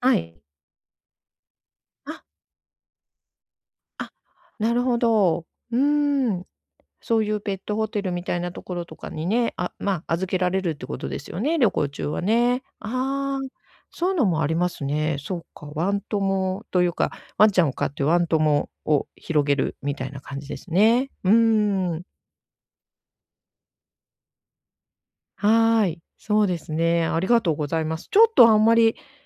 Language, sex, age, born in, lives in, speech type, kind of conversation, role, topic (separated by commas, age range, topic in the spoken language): Japanese, female, 55-59, Japan, Japan, conversation, advice, user, 不確実な状況でも、どうすれば安心して過ごせますか？